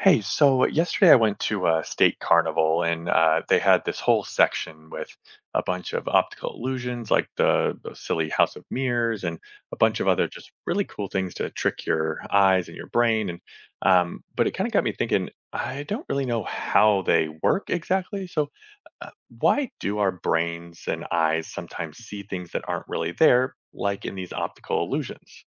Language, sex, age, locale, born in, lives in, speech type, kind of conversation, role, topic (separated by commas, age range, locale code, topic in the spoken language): English, male, 35-39, en-US, United States, United States, read, expert-assistant, asker, Why do we experience optical illusions?